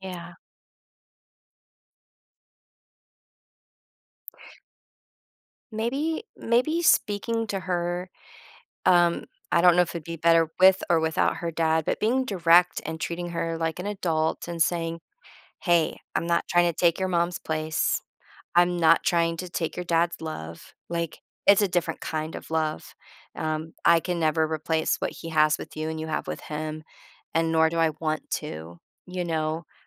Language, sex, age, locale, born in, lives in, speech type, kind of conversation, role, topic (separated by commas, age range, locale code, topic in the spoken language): English, female, 40-44, en-US, United States, United States, conversation, advice, advisor, How can I balance work and life better?